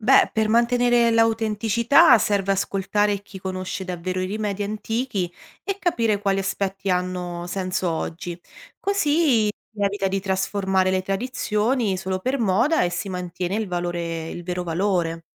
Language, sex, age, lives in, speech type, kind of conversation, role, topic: Italian, female, 30-34, Italy, read, expert-assistant, expert, Come cambierebbe la nostra idea di salute se potessimo scegliere liberamente tra cure moderne e rimedi tradizionali?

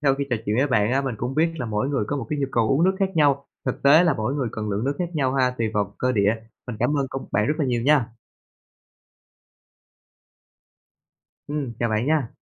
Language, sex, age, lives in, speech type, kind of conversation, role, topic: Vietnamese, male, 25-29, Vietnam, read, expert-assistant, asker, Khi nghe nhiều ý kiến khác nhau về việc uống nước mỗi ngày, làm thế nào để biết đâu là thông tin khoa học chính xác?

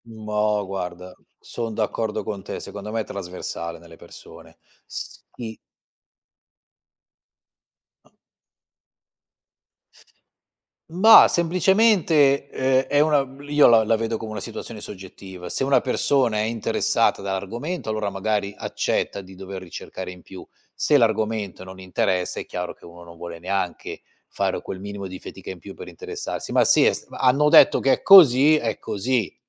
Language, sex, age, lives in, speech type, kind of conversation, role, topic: Italian, male, 50-54, Italy, conversation, unstructured, none, Come si può convincere qualcuno che la storia possa essere rivista?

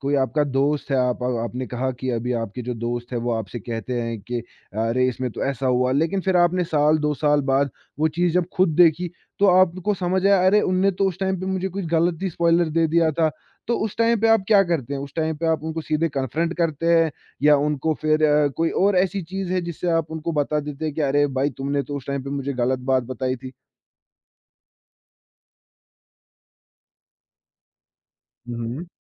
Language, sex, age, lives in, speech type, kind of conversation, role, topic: Hindi, male, 20-24, India, conversation, podcast, host, Tum spoilers ke baare mein kya sochte ho?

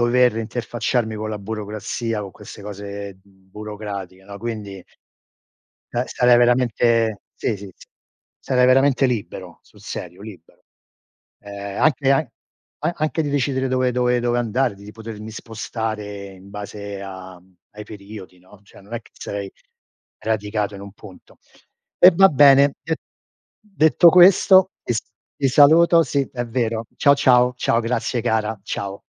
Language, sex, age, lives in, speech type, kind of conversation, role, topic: Italian, male, 60-64, United States, conversation, unstructured, none, Quale esperienza vorresti assolutamente vivere in futuro?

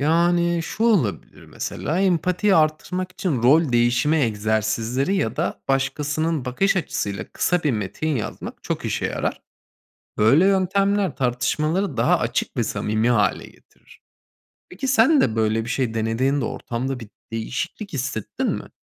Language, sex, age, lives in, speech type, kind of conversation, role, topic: Turkish, male, 30-34, Germany, read, expert-assistant, expert, Bir kitabı okurken, herkesin farklı anlamlar çıkarması normal mi? Yoksa bir doğru okuma şekli var mı?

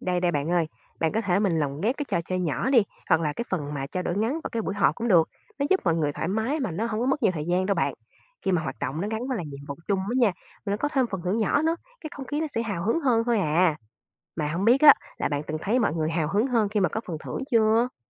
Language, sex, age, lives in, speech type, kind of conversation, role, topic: Vietnamese, female, 25-29, Vietnam, read, expert-assistant, expert, Làm sao để giữ công việc trong cơ quan nhà nước luôn trôi chảy khi nhiều phòng ban cùng tham gia?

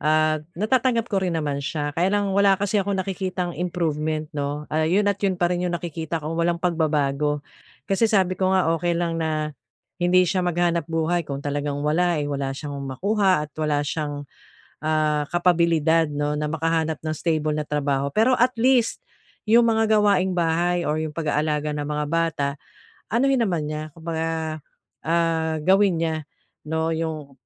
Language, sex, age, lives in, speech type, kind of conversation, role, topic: Filipino, female, 45-49, Philippines, conversation, advice, user, Paano namin maaayos ang ugnayan namin bilang magkakapatid kapag may alitan?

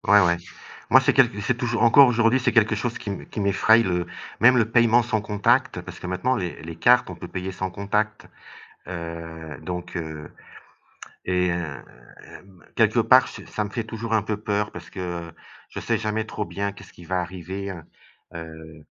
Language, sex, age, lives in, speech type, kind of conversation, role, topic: French, male, 55-59, Portugal, conversation, unstructured, none, Préférez-vous payer en liquide ou par carte ?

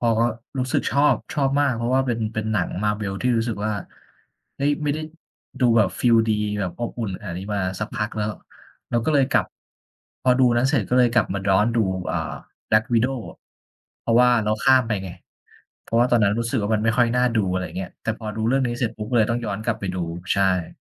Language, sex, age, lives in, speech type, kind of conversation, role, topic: Thai, male, 25-29, Thailand, conversation, unstructured, none, คุณชอบทำกิจกรรมยามว่างแบบไหนมากที่สุด?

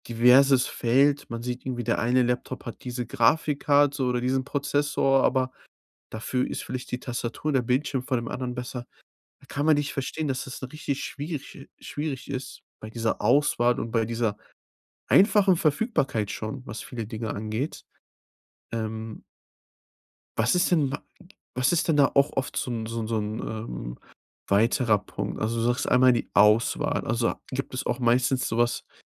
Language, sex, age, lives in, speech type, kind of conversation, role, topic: German, male, 25-29, Germany, conversation, advice, advisor, Wie kann ich mich beim Einkaufen und bei der Entscheidungsfindung weniger überfordert fühlen?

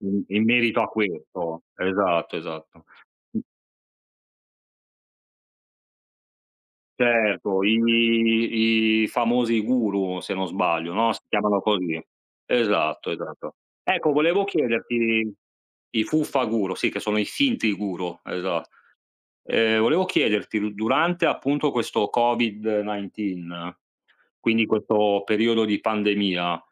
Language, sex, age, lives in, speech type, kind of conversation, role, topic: Italian, male, 40-44, Italy, conversation, unstructured, none, In che modo la pandemia ha cambiato il nostro modo di spendere e risparmiare?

